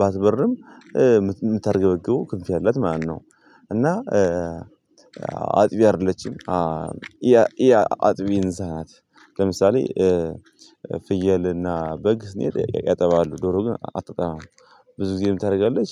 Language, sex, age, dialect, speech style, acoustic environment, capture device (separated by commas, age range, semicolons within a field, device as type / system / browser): Amharic, male, 18-24, Ethiopia - Gondar, happy; anxious; nervous, people talking; music; traffic; nature, mobile / Linux / Chrome